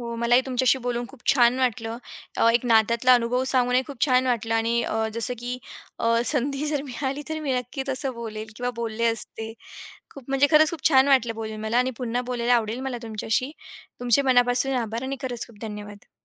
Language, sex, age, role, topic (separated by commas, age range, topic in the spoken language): Marathi, female, 40-44, guest, एखाद्या नात्यातून तुम्हाला सर्वात मोठा धडा कोणता मिळाला?